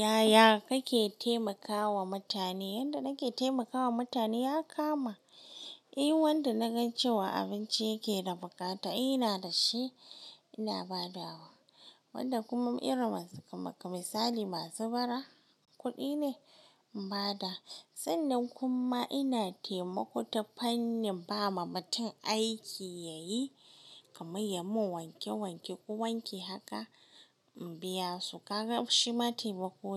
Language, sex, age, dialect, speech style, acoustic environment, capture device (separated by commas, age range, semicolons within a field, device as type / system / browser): Hausa, female, 18-24, Nigeria - Kano, happy, silence, mobile / Linux / Chrome